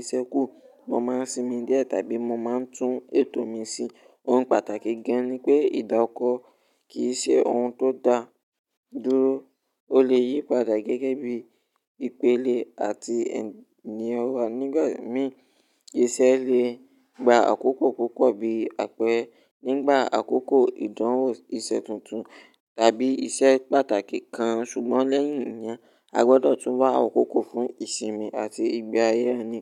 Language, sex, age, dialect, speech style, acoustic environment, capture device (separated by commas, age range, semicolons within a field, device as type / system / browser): Yoruba, male, 18-24, Nigeria - Ibadan, relaxed, nature, mobile / Linux / Chrome